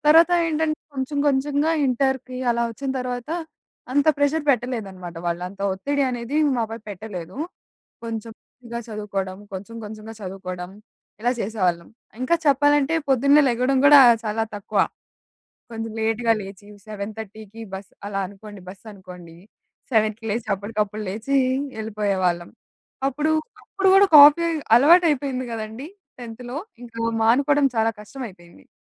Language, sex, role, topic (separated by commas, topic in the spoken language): Telugu, female, guest, కాఫీ తాగకుండా శక్తిని ఎలా పెంచుకోవచ్చు?